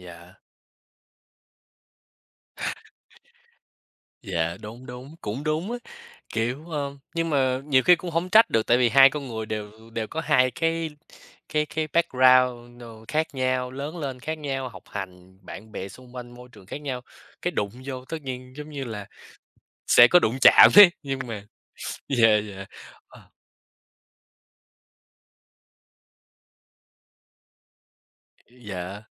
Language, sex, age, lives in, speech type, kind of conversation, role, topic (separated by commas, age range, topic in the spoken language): Vietnamese, male, 20-24, United States, conversation, unstructured, none, Bạn có bao giờ cảm thấy người khác hiểu lầm về con người thật của mình không?